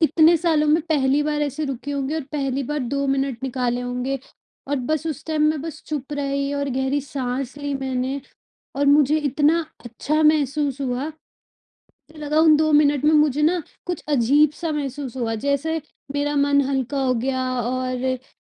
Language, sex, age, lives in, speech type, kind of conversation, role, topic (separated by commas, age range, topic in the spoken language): Hindi, female, 25-29, India, conversation, advice, user, लघु यात्राएँ और छोटे-छोटे विराम मेरे रचनात्मक विचार कैसे बढ़ा सकते हैं?